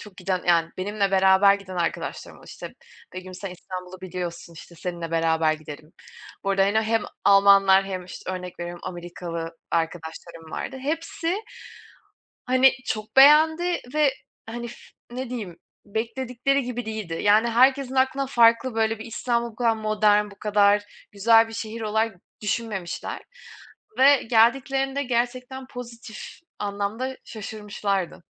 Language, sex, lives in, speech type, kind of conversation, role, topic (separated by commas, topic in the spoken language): Turkish, female, Germany, conversation, unstructured, none, Doğa tatilleri mi yoksa şehir gezileri mi daha çok hoşunuza gider?